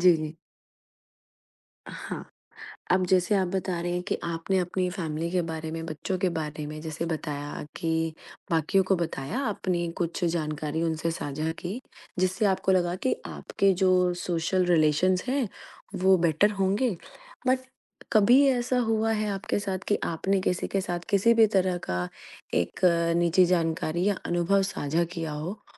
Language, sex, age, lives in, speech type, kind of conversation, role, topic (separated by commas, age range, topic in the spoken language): Hindi, female, 35-39, India, conversation, podcast, host, पड़ोस में भरोसा कैसे बनाया जा सकता है?